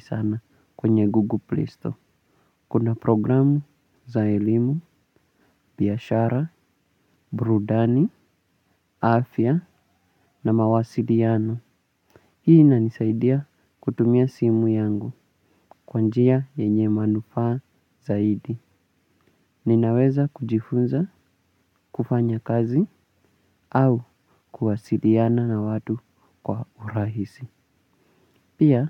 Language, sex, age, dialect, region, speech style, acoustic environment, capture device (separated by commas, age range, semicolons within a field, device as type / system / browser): Swahili, male, 18-24, Kenya - Mombasa, Kenya, relaxed, nature, mobile / Linux / Chrome